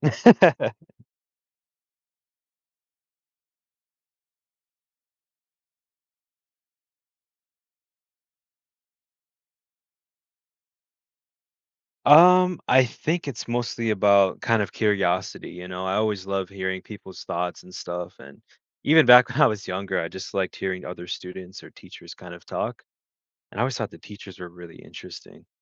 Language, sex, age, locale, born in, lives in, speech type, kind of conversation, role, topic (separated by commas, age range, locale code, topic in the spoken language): English, male, 20-24, en-US, United States, United States, conversation, podcast, guest, What makes a conversation feel open and honest?